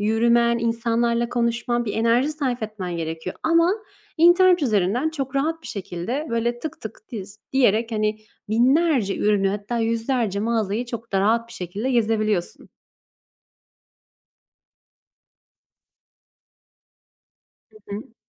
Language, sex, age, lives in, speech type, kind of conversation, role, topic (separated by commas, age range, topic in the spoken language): Turkish, female, 25-29, Italy, conversation, unstructured, none, Online alışveriş mi yoksa mağazadan alışveriş mi daha pratiktir?